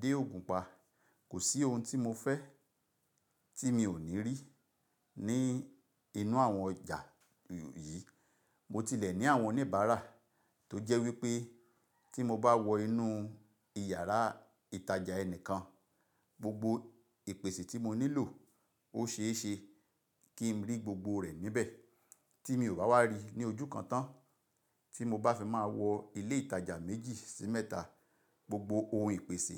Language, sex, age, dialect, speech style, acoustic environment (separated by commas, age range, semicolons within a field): Yoruba, male, 45-54, Nigeria - Ibadan, relaxed, silence